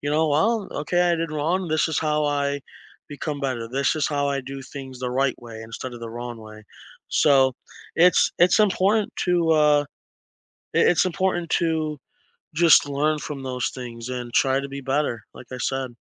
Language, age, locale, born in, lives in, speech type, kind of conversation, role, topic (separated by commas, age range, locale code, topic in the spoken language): English, 20-24, en-US, United States, United States, conversation, unstructured, none, What is a lesson you learned from a mistake you made?